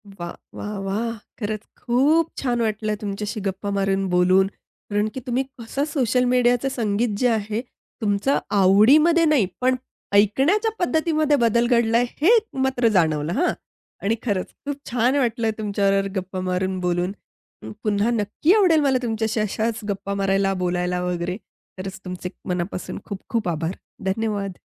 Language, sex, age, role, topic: Marathi, female, 30-34, host, सोशल मीडियामुळे तुमच्या संगीताच्या आवडीनिवडीत काय बदल झाले?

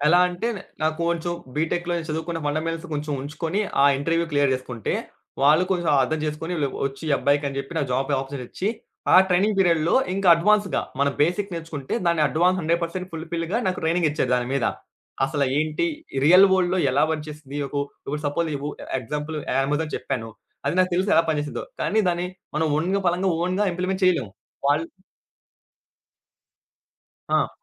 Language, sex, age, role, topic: Telugu, male, 20-24, guest, మీకు ఇష్టమైన పని ఎలా గుర్తించారో చెప్పగలరా?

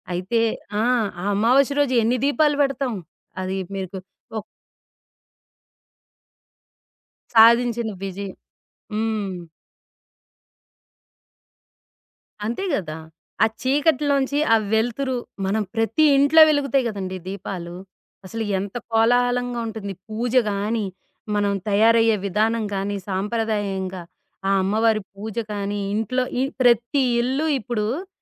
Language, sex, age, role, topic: Telugu, female, 40-44, guest, పాత పండుగలను కొత్త రీతిలో జరుపుకోవడంపై మీ అనుభవం ఏమి చెబుతోంది?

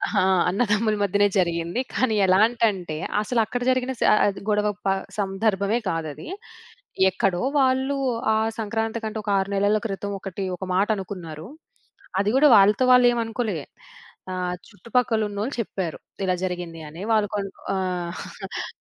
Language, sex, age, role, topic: Telugu, female, 25-29, guest, పండుగల్లో కలుసుకుంటే పాత గొడవలు తగ్గిపోతాయనే మీ అనుభవం ఏంటి?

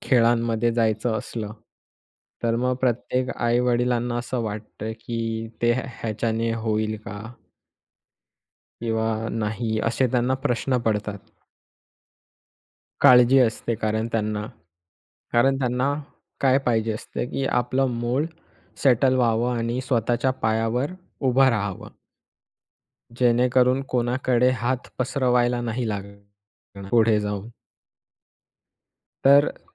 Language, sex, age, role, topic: Marathi, male, 20-24, guest, आई-वडिलांना ‘नाही’ कसे सांगावे?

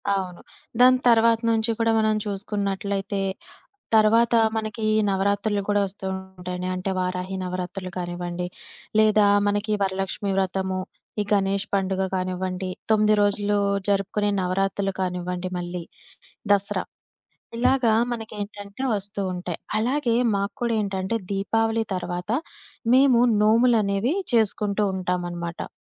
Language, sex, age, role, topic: Telugu, female, 25-29, guest, మీ కుటుంబంలో పండుగలను ఎలా జరుపుకుంటారు, వాటిలో మీ గుండెకు అత్యంత దగ్గరగా ఉండే పండుగ ఏది?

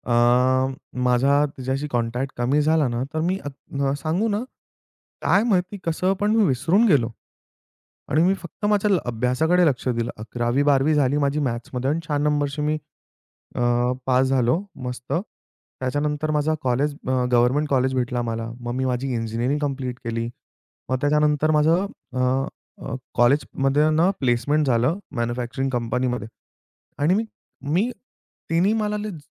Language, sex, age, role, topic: Marathi, male, 25-29, guest, कोणत्या नात्यामुळे तुमच्या आयुष्याची दिशा बदलली?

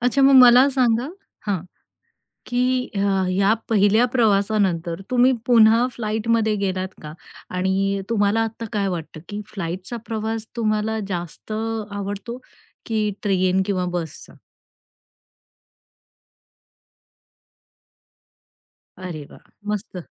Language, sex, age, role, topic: Marathi, female, 45-49, host, पहिल्या स्वतंत्र प्रवासातला तुम्हाला सर्वात ठळक प्रसंग कोणता आठवतो?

